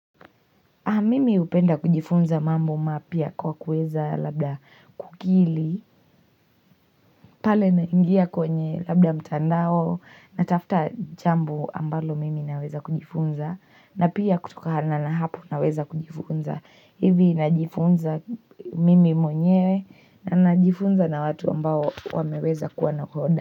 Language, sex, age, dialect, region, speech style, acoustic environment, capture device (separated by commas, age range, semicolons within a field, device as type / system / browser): Swahili, female, 18-24, Kenya - Mombasa, Kenya, relaxed, silence, mobile / Linux / Chrome